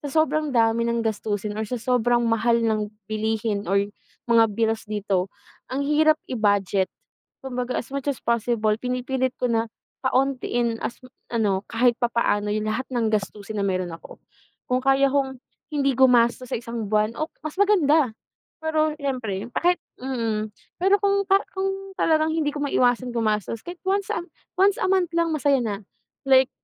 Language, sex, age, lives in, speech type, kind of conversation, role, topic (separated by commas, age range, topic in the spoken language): Filipino, female, 25-29, Philippines, conversation, unstructured, none, Paano mo pinipili kung saan mo gagastusin ang pera mo araw-araw?